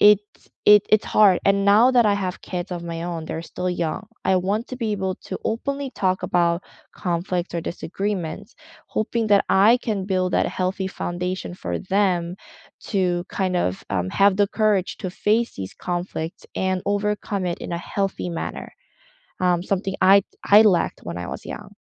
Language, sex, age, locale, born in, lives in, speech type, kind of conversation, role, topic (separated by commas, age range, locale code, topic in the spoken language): English, female, 30-34, en-CA, South Korea, Canada, conversation, unstructured, none, How can you use conflict to make relationships stronger?